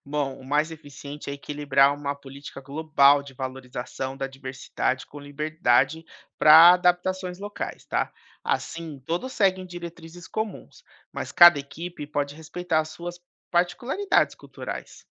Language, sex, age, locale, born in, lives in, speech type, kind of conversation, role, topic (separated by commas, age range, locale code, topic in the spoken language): Portuguese, male, 30-34, pt-BR, Brazil, United States, read, expert-assistant, expert, Por que a globalização influencia tanto na cultura local das sociedades?